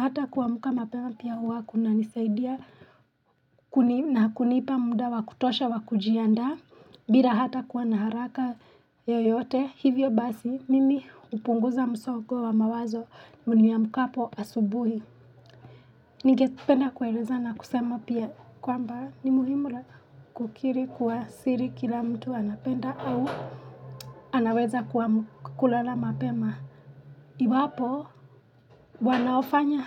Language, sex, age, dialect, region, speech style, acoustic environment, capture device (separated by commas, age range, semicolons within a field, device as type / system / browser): Swahili, female, 25-34, Kenya - Nairobi Sheng-influenced, Kenya, relaxed; happy; excited, silence; nature, mobile / Linux / Chrome